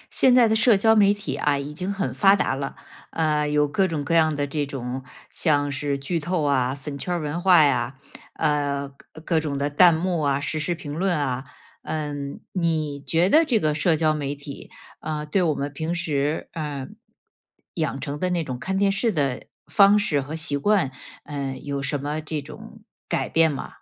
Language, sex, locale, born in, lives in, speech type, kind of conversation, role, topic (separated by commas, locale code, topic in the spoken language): Chinese, female, zh-CN, China, United States, conversation, podcast, host, 社交媒体如何改变我们看电视的方式？